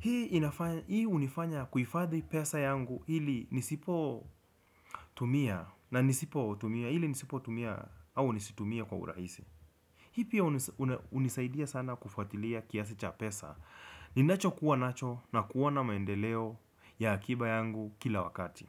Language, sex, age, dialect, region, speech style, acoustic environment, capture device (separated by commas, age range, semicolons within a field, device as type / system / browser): Swahili, male, 25-34, Kenya - Nairobi Sheng-influenced, Kenya, relaxed; focused, people talking; music; nature; traffic; appliances, desktop / Windows / Chrome